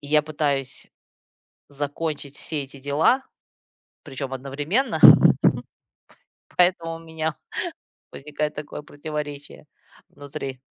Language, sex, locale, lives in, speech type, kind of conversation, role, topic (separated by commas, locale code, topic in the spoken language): Russian, female, ru-RU, United States, conversation, advice, user, Почему я раздражаюсь и тревожусь, когда пытаюсь отдохнуть дома?